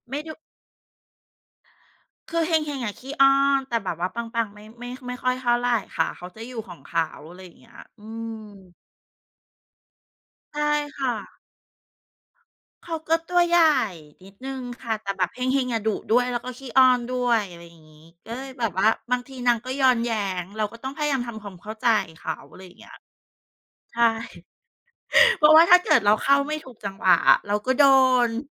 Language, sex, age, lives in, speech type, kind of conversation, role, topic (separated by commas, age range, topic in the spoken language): Thai, female, 40-44, Thailand, conversation, unstructured, none, คุณเคยมีสัตว์เลี้ยงทำอะไรที่ทำให้คุณประทับใจไหม?